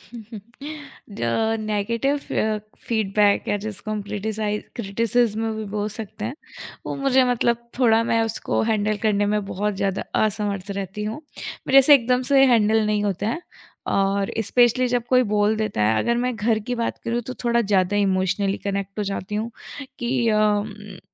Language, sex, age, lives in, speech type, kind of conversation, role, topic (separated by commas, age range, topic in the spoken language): Hindi, female, 25-29, India, conversation, podcast, guest, Feedback sunke tum honestly kya feel karte ho aur phir kya karte ho?